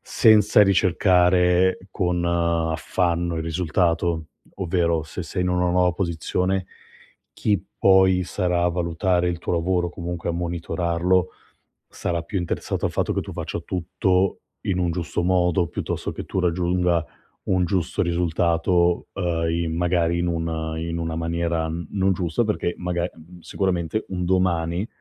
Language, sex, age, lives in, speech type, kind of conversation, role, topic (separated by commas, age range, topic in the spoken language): Italian, male, 35-39, Italy, conversation, advice, advisor, Come posso farmi notare in azienda senza sembrare troppo aggressivo?